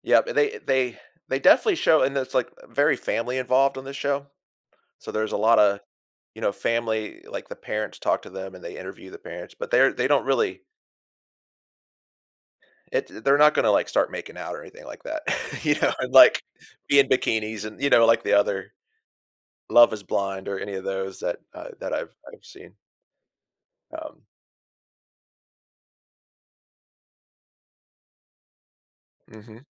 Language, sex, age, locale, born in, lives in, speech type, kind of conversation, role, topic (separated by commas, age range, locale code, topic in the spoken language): English, male, 45-49, en-US, United States, United States, conversation, unstructured, none, How do you think reality TV affects our views on privacy and personal boundaries?